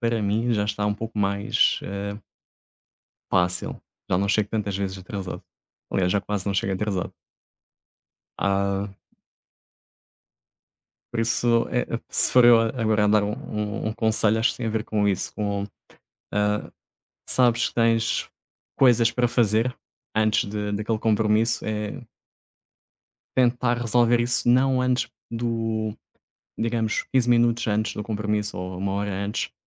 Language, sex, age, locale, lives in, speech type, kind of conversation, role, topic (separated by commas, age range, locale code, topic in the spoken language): Portuguese, male, 30-34, pt-PT, Portugal, conversation, unstructured, none, Você já se surpreendeu com algum hábito que mudou sua vida?